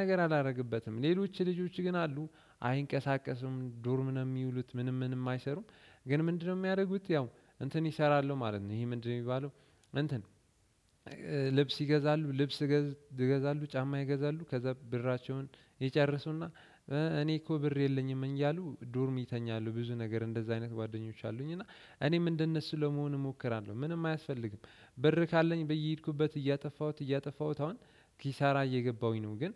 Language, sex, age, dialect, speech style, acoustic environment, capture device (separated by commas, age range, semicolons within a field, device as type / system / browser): Amharic, male, 18-24, Ethiopia - Addis Ababa, relaxed, silence; nature, desktop / Windows / Chrome